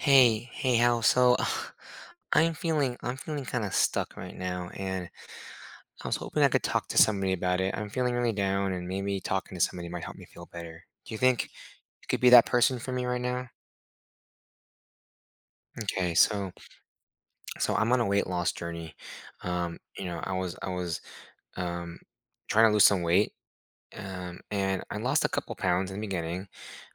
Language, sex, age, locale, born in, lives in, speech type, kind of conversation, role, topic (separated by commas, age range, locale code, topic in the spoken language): English, male, 30-34, en-US, United States, United States, conversation, advice, user, How can I move forward from feeling stuck in grief?